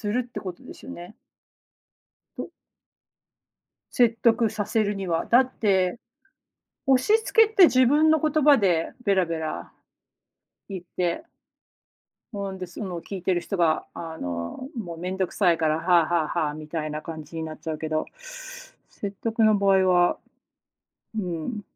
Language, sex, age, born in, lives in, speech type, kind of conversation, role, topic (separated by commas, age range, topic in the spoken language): Japanese, female, 40-44, United States, United States, conversation, unstructured, none, 誰かを説得するときに大切にしていることは何ですか？